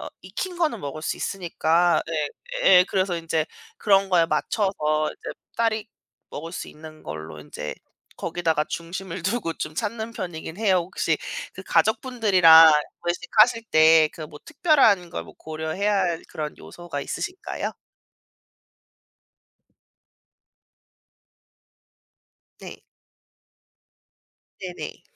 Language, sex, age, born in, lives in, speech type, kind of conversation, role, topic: Korean, female, 35-39, South Korea, United States, conversation, unstructured, none, 가족과 함께 가고 싶은 맛집은 어디인가요?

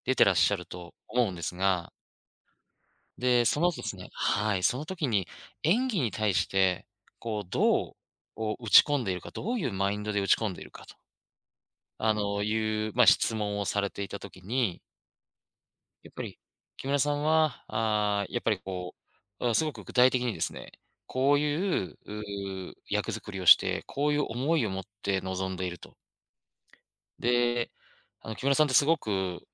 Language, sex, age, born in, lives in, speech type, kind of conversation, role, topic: Japanese, male, 35-39, Japan, Japan, conversation, podcast, guest, 尊敬するファッションアイコンは誰？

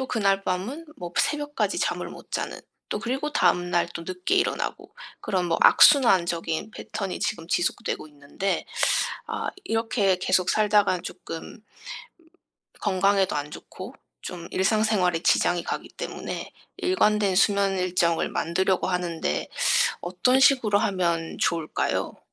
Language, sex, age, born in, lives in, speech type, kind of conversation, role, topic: Korean, female, 30-34, South Korea, United States, conversation, advice, user, 일관된 수면 일정을 만들려면 어떻게 시작해야 할까요?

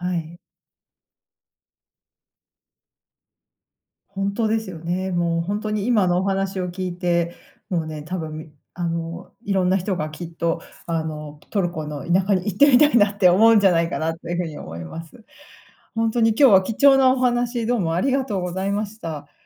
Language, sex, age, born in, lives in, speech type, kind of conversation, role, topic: Japanese, female, 40-44, Japan, United States, conversation, podcast, host, 旅先で出会った親切な人の話を聞かせてもらえますか？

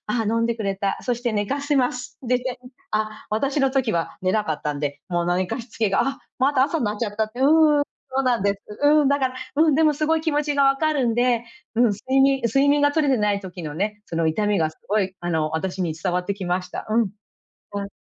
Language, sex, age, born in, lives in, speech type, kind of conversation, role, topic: Japanese, female, 40-44, Japan, United States, conversation, advice, advisor, 気持ちの揺れをどうすれば穏やかにできますか？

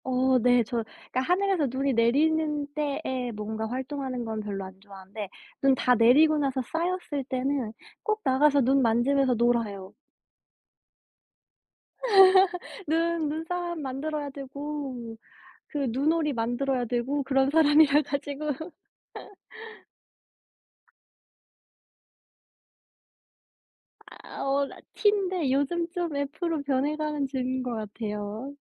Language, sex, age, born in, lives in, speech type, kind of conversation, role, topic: Korean, female, 25-29, South Korea, United States, conversation, unstructured, none, 항상 여름인 곳에서 사는 것과 항상 겨울인 곳에서 사는 것 중 어느 쪽을 선택하시겠습니까?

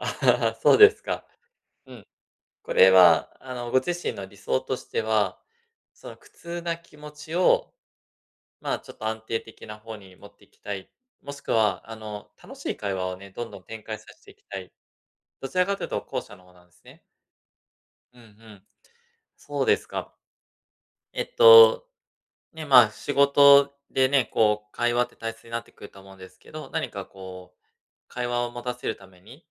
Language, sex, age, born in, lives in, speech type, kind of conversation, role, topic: Japanese, male, 35-39, Japan, Japan, conversation, advice, advisor, 気まずい会話をどうやって乗り切ればいいですか？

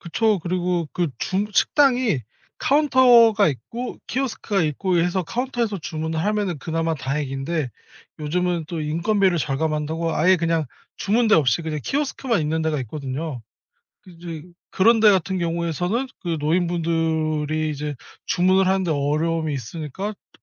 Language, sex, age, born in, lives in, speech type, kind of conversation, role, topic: Korean, male, 30-34, South Korea, South Korea, conversation, podcast, guest, 기술이 우리 삶에 가져다준 가장 큰 이점과 가장 큰 손실은 무엇이라고 느끼시나요?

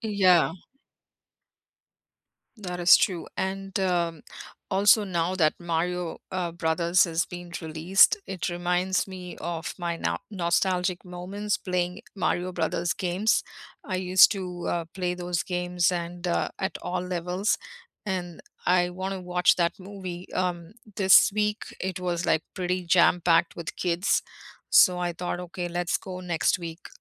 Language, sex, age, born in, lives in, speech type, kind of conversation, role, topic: English, female, 50-54, India, United States, conversation, unstructured, none, What guilty-pleasure entertainment do you secretly love and proudly defend?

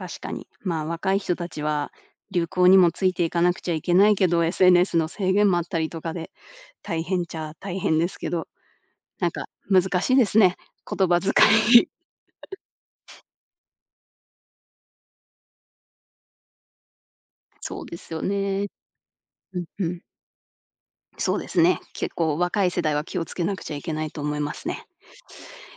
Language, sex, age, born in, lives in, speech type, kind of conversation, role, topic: Japanese, female, 30-34, Japan, United States, conversation, podcast, host, SNSでの言葉遣いは若者文化にどのような影響を与えているのでしょうか？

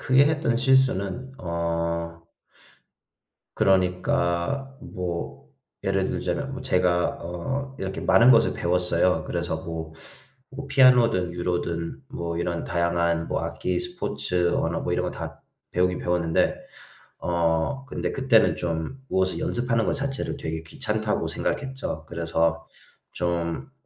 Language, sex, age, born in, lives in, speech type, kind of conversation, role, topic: Korean, male, 25-29, United States, United States, conversation, unstructured, none, 어린 시절로 돌아갈 수 있다면 그때의 나에게 어떤 조언을 해 주고 싶으신가요?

